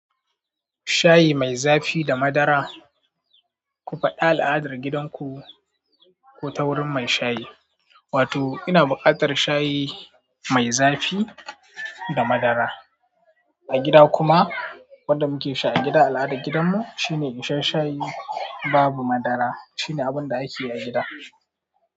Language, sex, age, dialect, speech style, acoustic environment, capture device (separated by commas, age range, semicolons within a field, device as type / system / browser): Hausa, male, 18-24, Nigeria - Katsina, happy, people talking, mobile / Android / Chrome